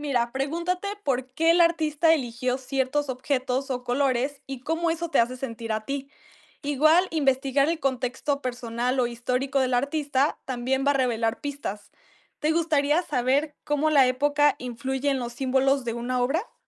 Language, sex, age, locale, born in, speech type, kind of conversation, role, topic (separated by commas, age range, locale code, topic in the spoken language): Spanish, female, 18-19, es-MX, Mexico, read, expert-assistant, expert, ¿Cuál es una buena forma de aprender sobre los movimientos artísticos cuando visitas una galería?